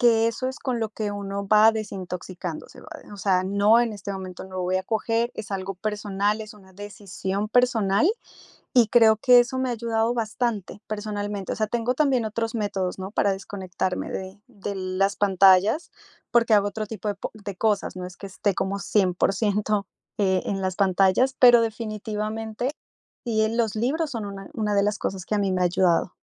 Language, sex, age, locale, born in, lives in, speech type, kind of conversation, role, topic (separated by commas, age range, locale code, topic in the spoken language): Spanish, female, 35-39, es-CO, Colombia, United States, conversation, podcast, guest, ¿Qué haces para desconectarte de las pantallas?